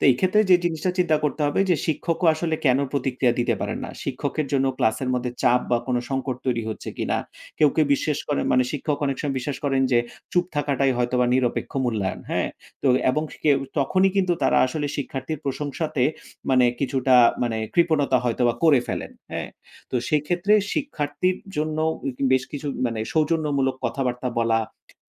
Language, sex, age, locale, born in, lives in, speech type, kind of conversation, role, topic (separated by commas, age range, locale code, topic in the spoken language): Bengali, male, 35-39, bn-BD, Bangladesh, Finland, conversation, podcast, guest, শিক্ষাকে মজার করে তোলার সহজ উপায় কী?